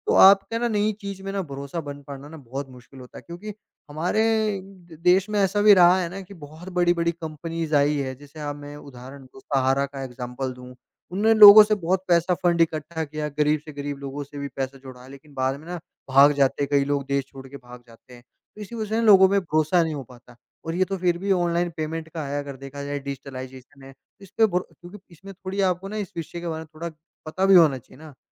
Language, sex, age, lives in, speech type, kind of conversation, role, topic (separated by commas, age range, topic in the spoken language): Hindi, male, 45-49, India, conversation, podcast, guest, आपके मुताबिक डिजिटल भुगतान के फायदे और परेशानियाँ क्या हैं?